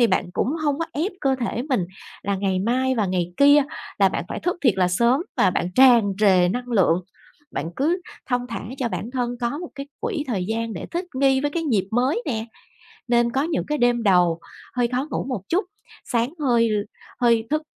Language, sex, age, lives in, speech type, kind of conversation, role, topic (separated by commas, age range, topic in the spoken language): Vietnamese, female, 40-44, Vietnam, conversation, advice, advisor, Làm sao để dậy sớm đều đặn khi tôi cứ liên tục ngủ nướng?